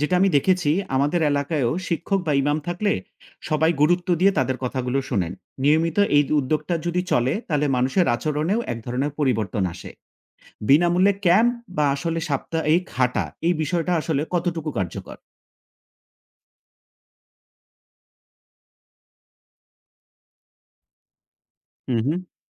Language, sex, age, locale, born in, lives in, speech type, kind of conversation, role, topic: Bengali, male, 35-39, bn-BD, Bangladesh, Finland, read, expert-assistant, asker, অনেকে ভাবেন, হৃদরোগ বিশেষজ্ঞ মানেই হার্টের সব ধরনের চিকিৎসা করেন—এই বিশ্বাস কতটা সত্যি?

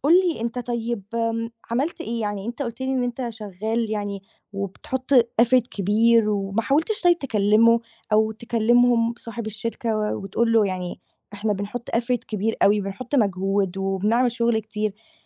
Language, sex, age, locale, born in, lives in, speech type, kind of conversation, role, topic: Arabic, female, 18-19, ar-EG, Egypt, Greece, conversation, advice, advisor, إزاي أتعامل مع شخص بيقضيها انتقادات من غير ما يقدّم حلول؟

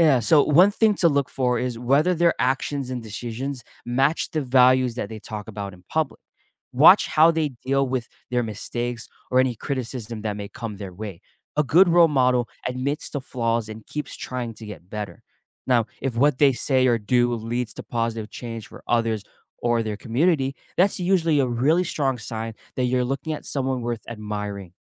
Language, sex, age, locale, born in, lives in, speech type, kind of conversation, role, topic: English, male, 25-29, en-US, United States, United States, read, expert-assistant, expert, Why is it important to have role models?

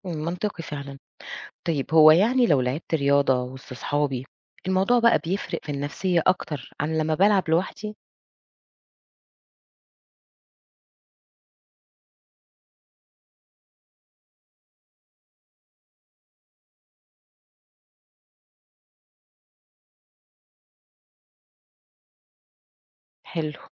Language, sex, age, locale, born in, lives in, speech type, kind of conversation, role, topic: Arabic, female, 40-44, ar-EG, Egypt, Portugal, read, expert-assistant, asker, كيف يمكن للرياضة أن تساهم في تحسين الصحة النفسية؟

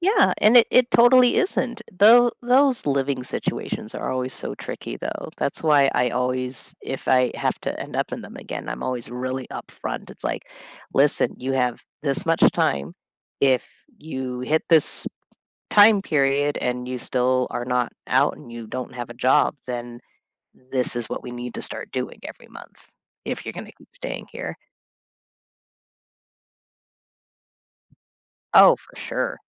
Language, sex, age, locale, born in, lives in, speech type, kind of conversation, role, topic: English, female, 35-39, en-US, United States, United States, conversation, unstructured, none, How do you balance fairness and kindness in everyday decisions?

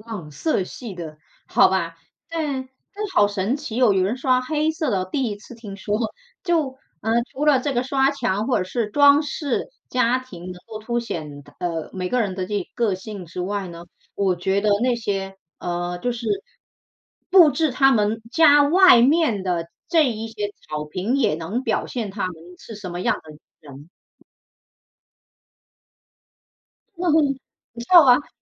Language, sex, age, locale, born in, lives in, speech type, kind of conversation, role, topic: Chinese, female, 40-44, zh-CN, China, United States, conversation, unstructured, none, 你喜欢用什么方式来表达自己的个性？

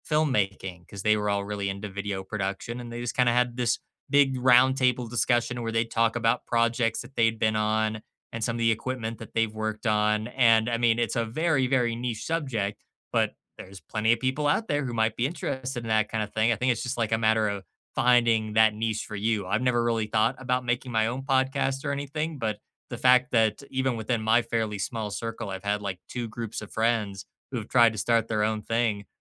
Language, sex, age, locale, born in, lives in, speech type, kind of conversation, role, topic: English, male, 25-29, en-US, United States, United States, conversation, unstructured, none, Which hidden-gem podcasts or channels deserve more love, and what makes them special to you?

